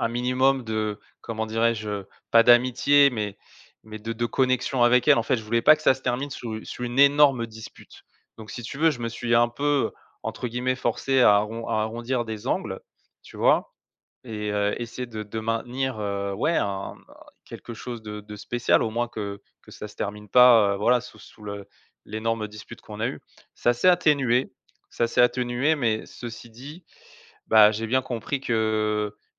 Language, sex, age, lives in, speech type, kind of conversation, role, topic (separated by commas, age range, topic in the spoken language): French, male, 35-39, France, conversation, advice, user, Comment décririez-vous la distance émotionnelle dans votre relation ?